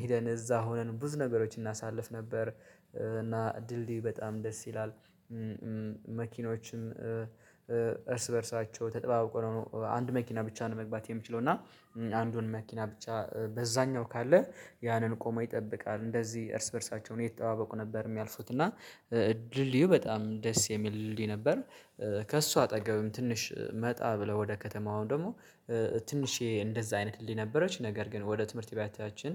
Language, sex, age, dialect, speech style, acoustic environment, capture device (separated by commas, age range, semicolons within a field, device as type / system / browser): Amharic, male, 25-34, Ethiopia - Addis Ababa, relaxed; focused, silence; nature, mobile / Linux / Chrome